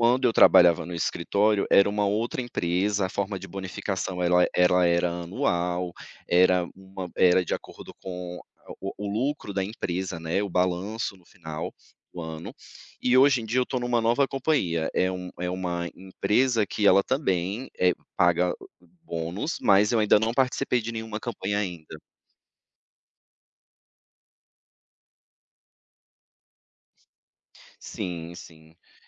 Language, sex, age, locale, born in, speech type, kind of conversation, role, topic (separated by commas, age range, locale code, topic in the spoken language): Portuguese, male, 35-39, pt-BR, Brazil, conversation, podcast, guest, O que mudou na sua rotina quando passou a trabalhar de casa?